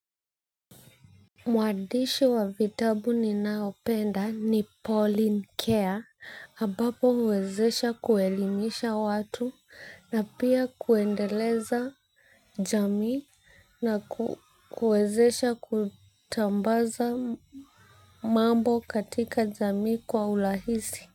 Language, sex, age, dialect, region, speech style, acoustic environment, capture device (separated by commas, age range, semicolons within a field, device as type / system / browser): Swahili, female, 25-34, Kenya - Nairobi Sheng-influenced, Kenya, focused, silence, mobile / Linux / Chrome